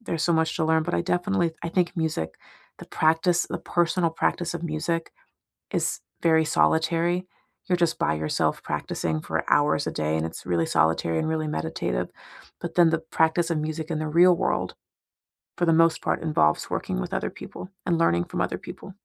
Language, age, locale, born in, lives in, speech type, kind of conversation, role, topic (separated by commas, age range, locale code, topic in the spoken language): English, 30-34, en-US, United States, United States, conversation, unstructured, none, How have you brought a childhood hobby back into your life, and what has it given you?